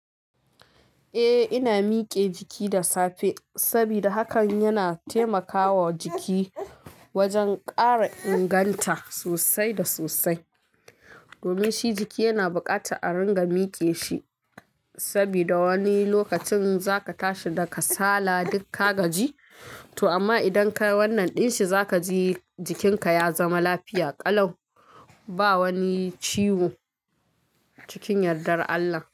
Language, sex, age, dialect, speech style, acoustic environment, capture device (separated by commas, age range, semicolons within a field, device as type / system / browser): Hausa, female, 25-34, Nigeria - Kano, happy, traffic, mobile / Linux / Chrome